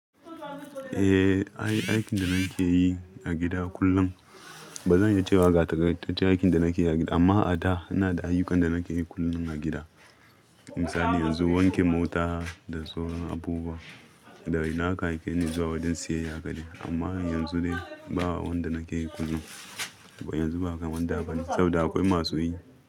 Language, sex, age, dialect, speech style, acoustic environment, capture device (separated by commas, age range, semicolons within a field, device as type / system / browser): Hausa, male, 25-34, Nigeria - Ibadan, happy, people talking, mobile / Linux / Chrome